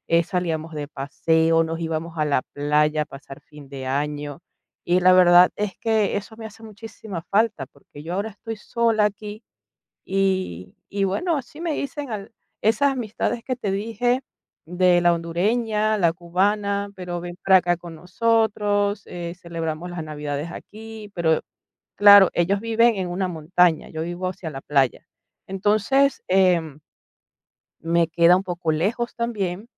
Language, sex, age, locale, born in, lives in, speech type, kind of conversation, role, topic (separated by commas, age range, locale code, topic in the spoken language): Spanish, female, 45-49, es-VE, Venezuela, Italy, conversation, advice, user, ¿Cómo manejas la soledad y la nostalgia después de mudarte a una ciudad nueva?